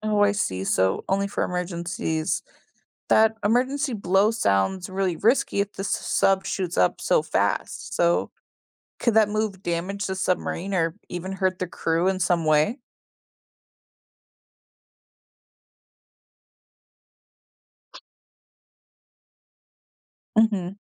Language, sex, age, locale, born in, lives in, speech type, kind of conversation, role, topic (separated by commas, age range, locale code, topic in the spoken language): English, female, 20-24, en-US, United States, United States, read, expert-assistant, asker, What is life and work like on a submarine?